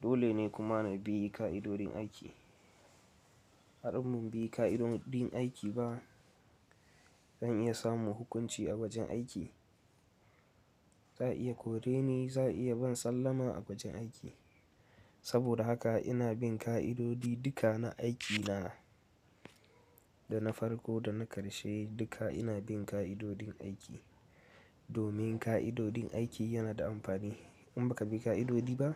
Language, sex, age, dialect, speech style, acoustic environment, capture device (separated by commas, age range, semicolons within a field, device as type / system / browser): Hausa, male, 18-24, Nigeria - Katsina, relaxed, nature, mobile / Linux / Chrome